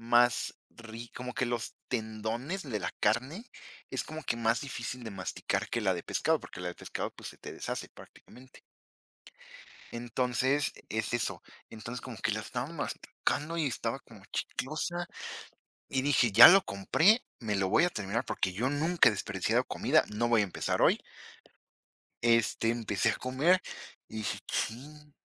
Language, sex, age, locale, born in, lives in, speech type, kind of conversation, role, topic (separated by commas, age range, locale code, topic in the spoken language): Spanish, female, 20-24, es-MX, Mexico, Mexico, conversation, unstructured, none, ¿Qué es lo más extraño que has comido durante un viaje?